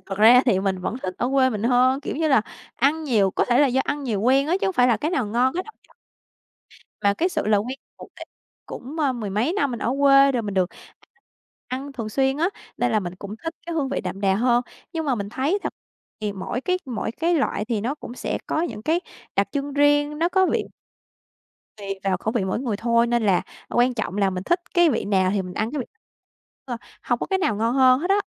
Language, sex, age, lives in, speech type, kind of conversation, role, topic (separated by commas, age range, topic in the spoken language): Vietnamese, female, 25-29, Vietnam, conversation, podcast, guest, Bạn có thể kể về món ăn gợi nhớ nhất thời bé của bạn không?